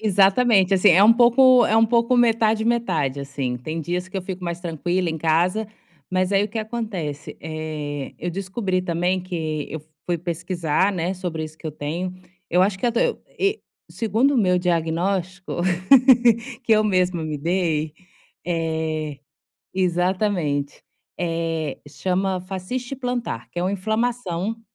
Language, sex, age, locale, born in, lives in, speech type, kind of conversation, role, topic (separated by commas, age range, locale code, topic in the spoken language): Portuguese, female, 35-39, pt-BR, Brazil, Spain, conversation, advice, user, O que posso fazer para aliviar a tensão muscular diária?